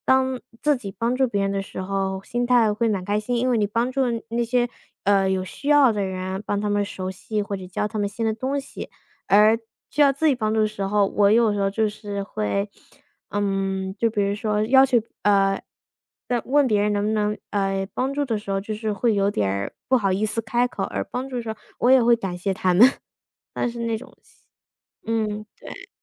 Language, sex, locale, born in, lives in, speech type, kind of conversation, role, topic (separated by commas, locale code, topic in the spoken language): Chinese, female, zh-CN, United States, United States, conversation, unstructured, none, 帮助别人之后你有什么感受？